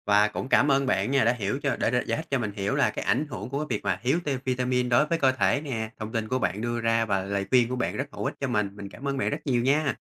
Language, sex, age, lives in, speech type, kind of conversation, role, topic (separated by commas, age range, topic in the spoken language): Vietnamese, male, 30-34, Vietnam, read, expert-assistant, asker, Ảnh hưởng của việc thiếu vitamin đối với cơ thể là gì?